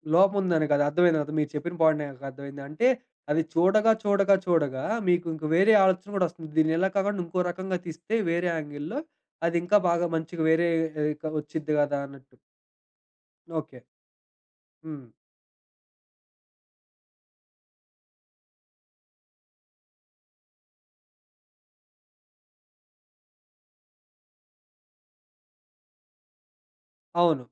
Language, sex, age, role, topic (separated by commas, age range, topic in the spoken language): Telugu, male, 25-29, host, ఆసక్తిని తిరిగి పెంచుకోవడానికి మీరు ఏ చిన్న అలవాట్లు పాటిస్తారు?